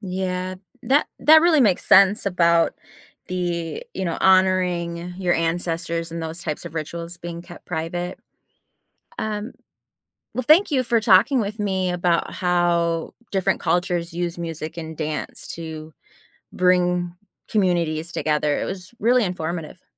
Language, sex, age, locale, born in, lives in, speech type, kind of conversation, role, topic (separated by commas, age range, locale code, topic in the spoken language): English, female, 30-34, en-US, United States, United States, read, expert-assistant, asker, How do different cultures use music and dance to bring people together?